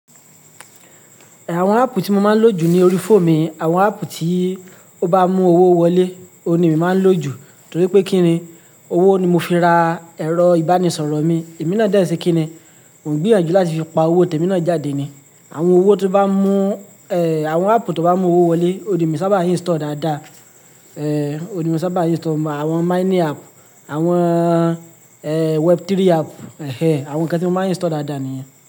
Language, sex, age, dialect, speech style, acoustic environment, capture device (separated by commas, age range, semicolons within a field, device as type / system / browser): Yoruba, male, 25-34, Nigeria - Lagos Yoruba, happy; relaxed; focused; excited, silence, mobile / Linux / Chrome